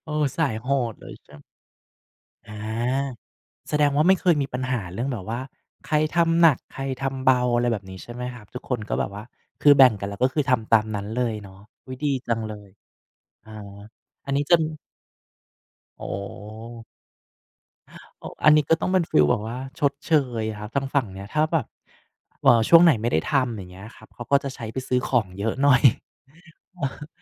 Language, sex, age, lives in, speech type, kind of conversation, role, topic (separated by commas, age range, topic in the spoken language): Thai, male, 35-39, Thailand, conversation, unstructured, none, การทำงานบ้านส่งผลต่อความสัมพันธ์ในครอบครัวอย่างไร?